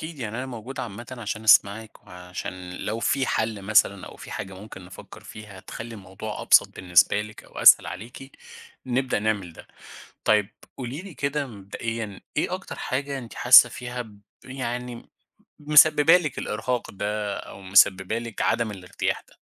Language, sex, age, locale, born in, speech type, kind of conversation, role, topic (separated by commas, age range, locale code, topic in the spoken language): Arabic, male, 30-34, ar-EG, Egypt, conversation, advice, advisor, إزاي أتعامل مع الإرهاق المستمر في الشغل وعدم القدرة على التركيز؟